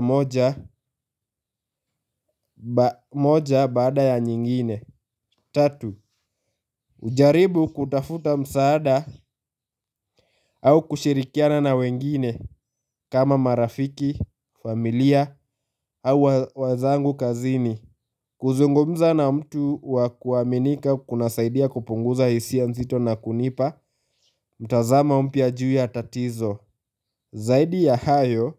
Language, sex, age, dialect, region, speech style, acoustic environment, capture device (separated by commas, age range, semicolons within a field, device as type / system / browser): Swahili, male, 18-24, Kenya - Nairobi Sheng-influenced, Kenya, focused; happy, silence; nature, mobile / Linux / Chrome